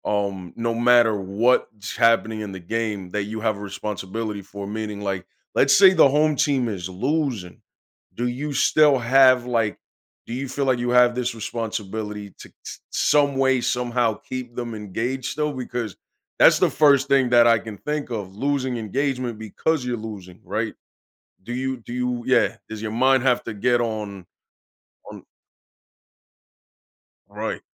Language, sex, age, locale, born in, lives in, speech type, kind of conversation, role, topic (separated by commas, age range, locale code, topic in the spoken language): English, male, 30-34, en-US, United States, United States, conversation, podcast, host, What factors help create a memorable and meaningful local event?